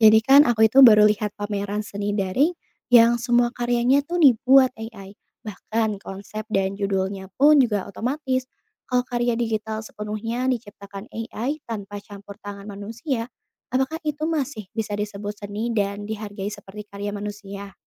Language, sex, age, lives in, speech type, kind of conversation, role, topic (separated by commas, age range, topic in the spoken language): Indonesian, female, 25-29, Indonesia, read, expert-assistant, asker, Bagaimana jika karya seni digital diciptakan sepenuhnya oleh kecerdasan buatan tanpa campur tangan manusia? Apakah karya tersebut masih bisa dianggap sebagai seni?